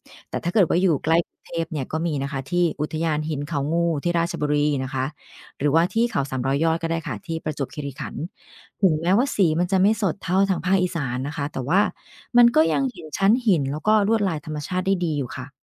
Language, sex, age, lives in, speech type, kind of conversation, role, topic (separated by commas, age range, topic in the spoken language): Thai, female, 45-49, Thailand, read, expert-assistant, expert, เพราะอะไรบางพื้นที่จึงมีหินทรายสีสันสวยงาม